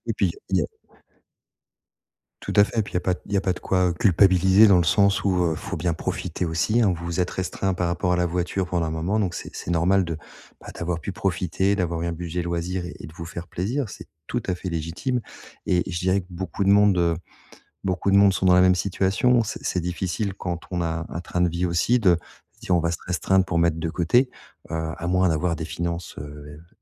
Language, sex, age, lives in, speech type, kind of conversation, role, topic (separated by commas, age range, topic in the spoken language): French, male, 40-44, France, conversation, advice, advisor, Comment se préparer aux imprévus sans renoncer à profiter de la vie ?